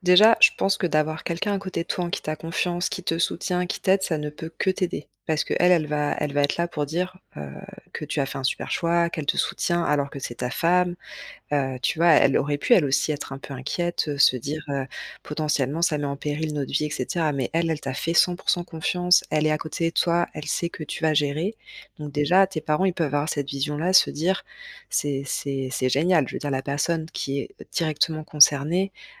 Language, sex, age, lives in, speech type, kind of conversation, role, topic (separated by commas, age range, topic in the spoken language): French, female, 35-39, France, conversation, advice, advisor, Comment puis-je accueillir la critique sans me sentir attaqué ni me mettre sur la défensive ?